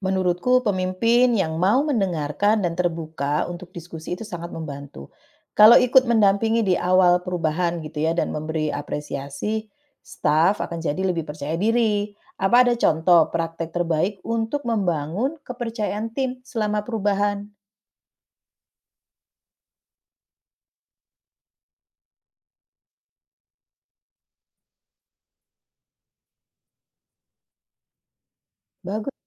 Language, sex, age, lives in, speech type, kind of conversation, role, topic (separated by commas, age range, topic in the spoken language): Indonesian, female, 45-49, Netherlands, read, expert-assistant, asker, Bagaimana cara membedakan informasi yang lengkap dan terpercaya seputar manajemen layanan kesehatan?